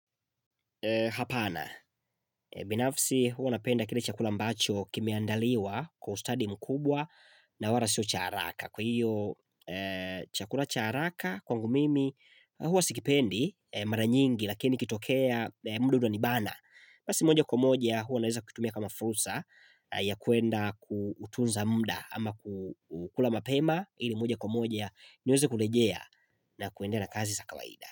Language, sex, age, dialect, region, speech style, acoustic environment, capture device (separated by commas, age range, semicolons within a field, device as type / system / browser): Swahili, male, 25-34, Tanzania - Dar es Salaam, Tanzania, excited; focused; happy, silence, mobile / Linux / Chrome